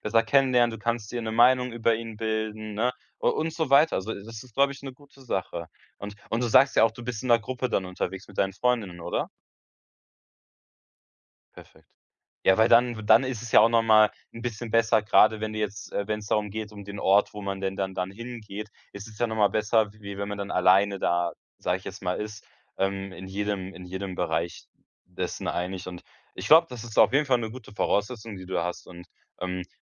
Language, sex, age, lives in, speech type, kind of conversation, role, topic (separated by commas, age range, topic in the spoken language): German, male, 18-19, Germany, conversation, advice, advisor, Wie kann ich neue Orte erkunden, ohne mich überfordert zu fühlen?